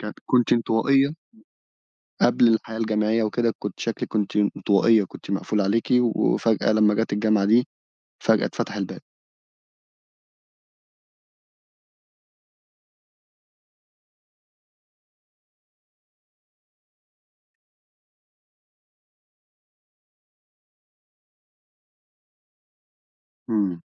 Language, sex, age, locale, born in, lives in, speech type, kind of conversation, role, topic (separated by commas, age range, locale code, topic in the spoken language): Arabic, male, 25-29, ar-EG, Egypt, Egypt, conversation, podcast, host, إزاي تبني شبكة دعم بعد ما تنقل لمدينة جديدة؟